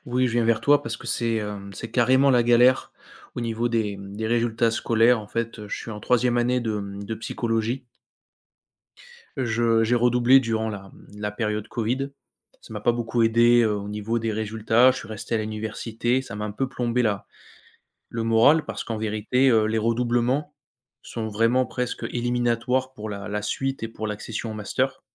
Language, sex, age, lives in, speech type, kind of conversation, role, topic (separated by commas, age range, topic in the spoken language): French, male, 25-29, France, conversation, advice, user, Quels doutes te viennent après un mauvais résultat scolaire ou une mauvaise expérience en formation professionnelle ?